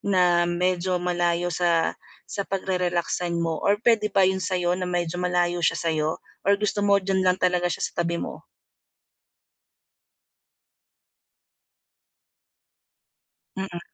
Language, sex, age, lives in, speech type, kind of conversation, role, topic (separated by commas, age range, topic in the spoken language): Filipino, female, 40-44, Philippines, conversation, advice, advisor, Paano ako makakapagpahinga sa bahay kung maingay?